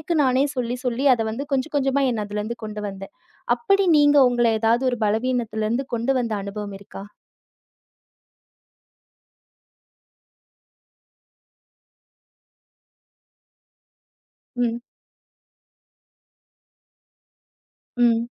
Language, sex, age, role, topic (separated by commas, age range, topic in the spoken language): Tamil, female, 30-34, host, உங்கள் வலிமைகளையும் பலவீனங்களையும் நீங்கள் எப்படிக் கண்டுபிடிக்கிறீர்கள்?